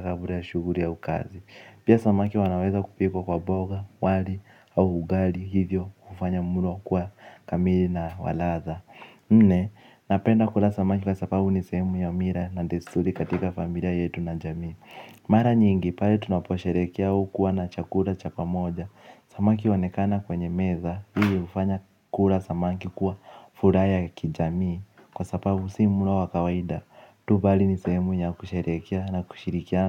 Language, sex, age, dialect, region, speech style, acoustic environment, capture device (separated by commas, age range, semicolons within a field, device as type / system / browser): Swahili, male, 18-24, Kenya - Nairobi Sheng-influenced, Kenya, focused; relaxed, people talking; nature, mobile / Linux / Chrome